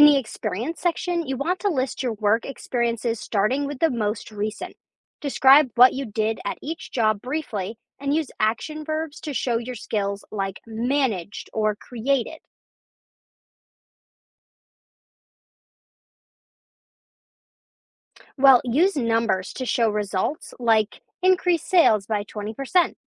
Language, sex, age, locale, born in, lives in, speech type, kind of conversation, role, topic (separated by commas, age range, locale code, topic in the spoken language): English, female, 20-24, en-US, United States, United States, read, expert-assistant, expert, Explain how to create a compelling resume.